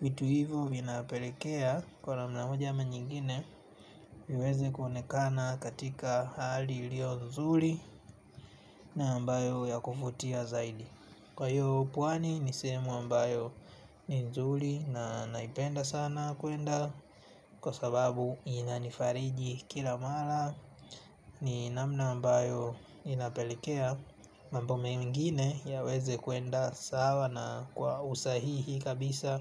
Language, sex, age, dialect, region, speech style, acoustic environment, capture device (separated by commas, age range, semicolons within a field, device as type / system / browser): Swahili, male, 25-34, Tanzania - Dar es Salaam, Tanzania, relaxed; focused, nature; silence, mobile / Linux / Chrome